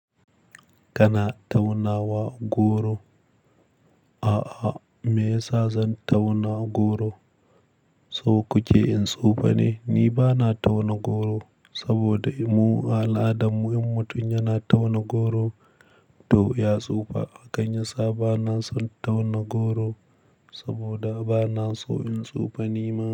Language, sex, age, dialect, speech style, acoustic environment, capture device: Hausa, male, 25-34, Nigeria - Kano, happy, nature, mobile / Linux / Chrome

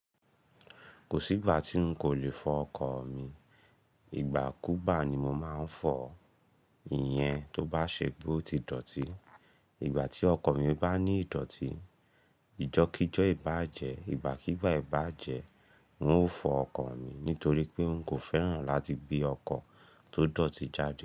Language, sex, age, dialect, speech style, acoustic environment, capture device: Yoruba, male, 25-34, Nigeria - Ibadan, excited, nature, mobile / Linux / Chrome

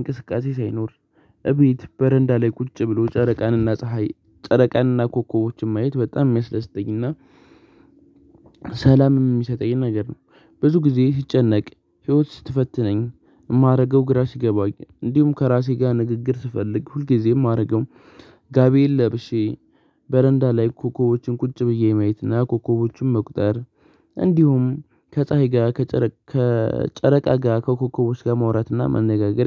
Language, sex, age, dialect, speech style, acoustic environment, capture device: Amharic, male, 18-24, Ethiopia - Addis Ababa, excited, silence, mobile / Linux / Chrome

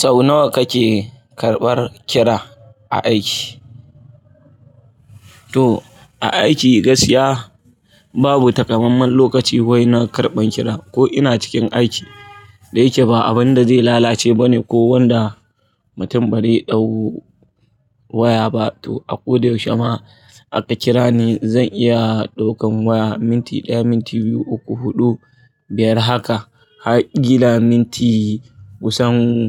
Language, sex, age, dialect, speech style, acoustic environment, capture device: Hausa, male, 25-34, Nigeria - Kano, focused, people talking; appliances; traffic, mobile / Linux / Chrome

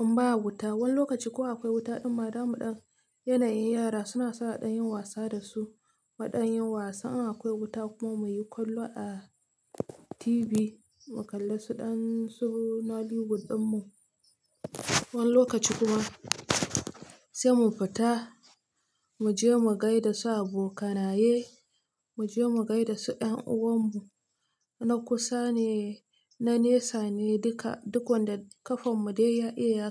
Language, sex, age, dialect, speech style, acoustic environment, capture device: Hausa, female, 25-34, Nigeria - Nigerian Standard, relaxed, silence; music, mobile / Linux / Chrome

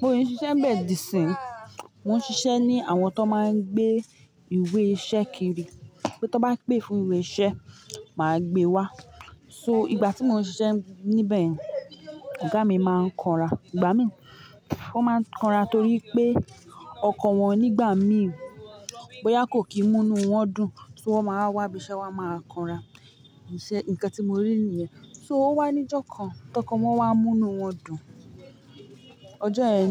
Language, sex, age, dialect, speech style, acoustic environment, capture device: Yoruba, female, 25-34, Nigeria - Ibadan, nervous, people talking, mobile / Linux / Chrome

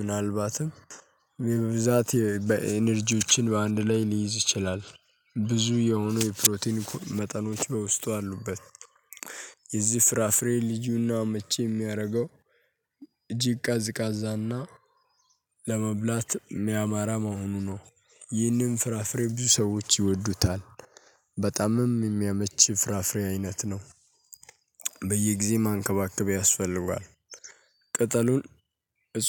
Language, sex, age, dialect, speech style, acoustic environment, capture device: Amharic, male, 18-24, Ethiopia - Addis Ababa, happy, silence, mobile / Linux / Chrome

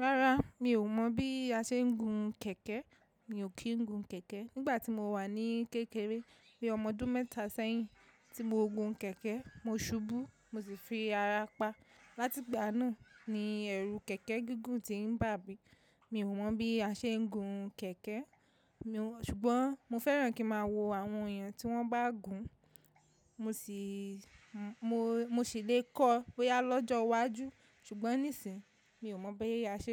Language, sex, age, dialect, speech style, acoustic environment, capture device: Yoruba, female, 25-34, Nigeria - Lagos Yoruba, relaxed, people talking, mobile / macOS / Safari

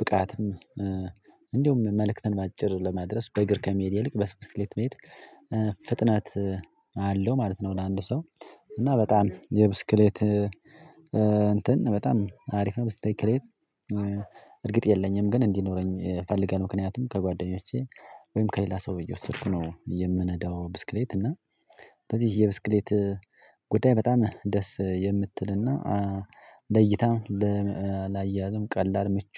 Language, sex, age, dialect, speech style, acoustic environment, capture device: Amharic, male, 25-34, Ethiopia - Addis Ababa, happy; anxious; tired; relaxed; nervous; excited; focused; stressed, people talking; traffic; music; nature; silence; construction; appliances, mobile / Linux / Chrome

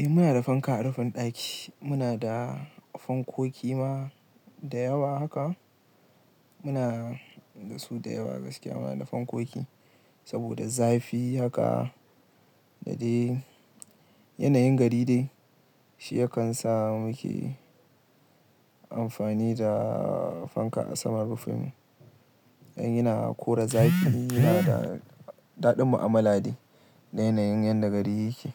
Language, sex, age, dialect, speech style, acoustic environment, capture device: Hausa, male, 18-24, Nigeria - Kano, relaxed, nature, mobile / Linux / Chrome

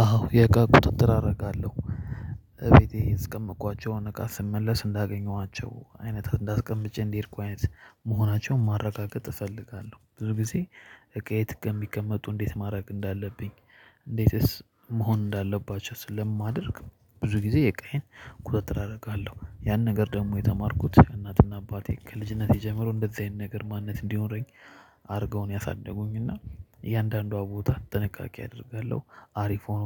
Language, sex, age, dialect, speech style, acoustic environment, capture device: Amharic, male, 25-34, Ethiopia - Addis Ababa, happy, people talking, mobile / Linux / Chrome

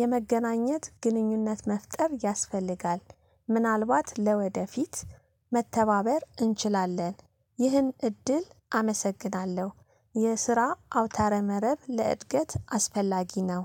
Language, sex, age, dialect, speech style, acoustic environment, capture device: Amharic, female, 35-44, Ethiopia - Addis Ababa, focused, silence, mobile / Linux / Chrome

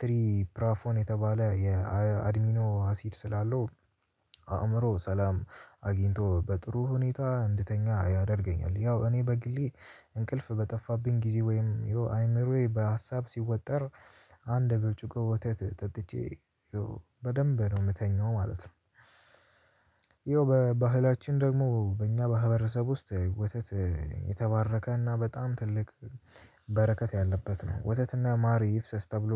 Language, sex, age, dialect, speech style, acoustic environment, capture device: Amharic, male, 18-24, Ethiopia - Addis Ababa, tired; anxious; nervous, nature; music; construction, mobile / Linux / Chrome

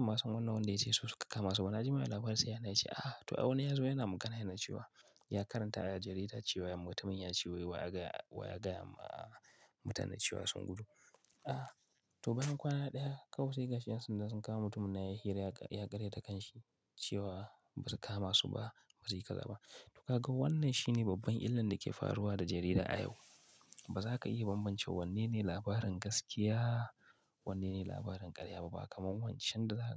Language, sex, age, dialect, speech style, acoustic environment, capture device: Hausa, male, 25-34, Nigeria - Kano, focused, nature; silence, mobile / Linux / Chrome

